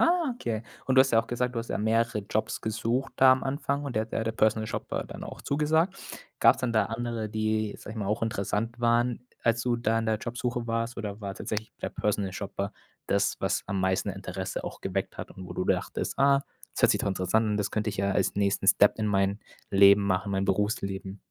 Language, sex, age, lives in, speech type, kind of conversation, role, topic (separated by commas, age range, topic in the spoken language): German, male, 25-29, Germany, conversation, podcast, host, Wie bist du zu deinem jetzigen Beruf gekommen?